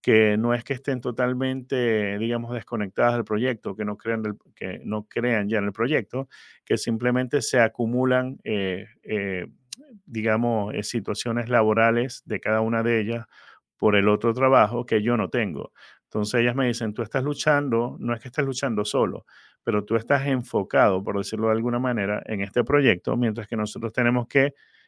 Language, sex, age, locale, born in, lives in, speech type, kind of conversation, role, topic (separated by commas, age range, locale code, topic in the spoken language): Spanish, male, 50-54, es-VE, Venezuela, United States, conversation, advice, user, ¿Cómo vives la soledad y la falta de apoyo emocional al dirigir tu empresa?